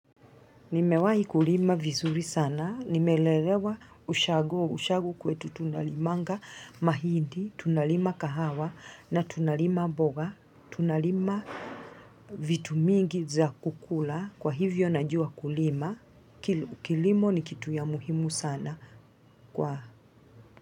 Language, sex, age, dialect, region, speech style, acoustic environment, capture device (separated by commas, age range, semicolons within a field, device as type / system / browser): Swahili, female, 35-44, Kenya - Mombasa, Kenya, happy, people talking, mobile / Linux / Chrome